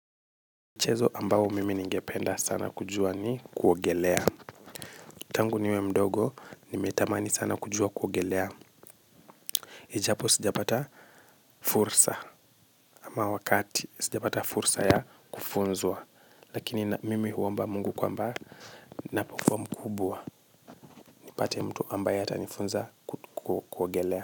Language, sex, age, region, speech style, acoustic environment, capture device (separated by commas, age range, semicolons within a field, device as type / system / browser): Swahili, male, 25-34, Kenya, tired; relaxed, silence, mobile / Linux / Chrome